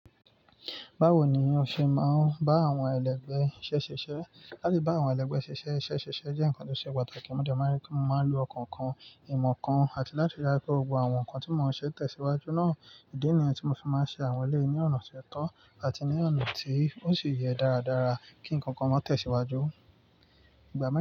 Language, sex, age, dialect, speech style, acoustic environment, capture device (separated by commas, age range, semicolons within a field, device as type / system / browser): Yoruba, male, 25-34, Nigeria - Nigerian Standard, focused, nature, mobile / Linux / Chrome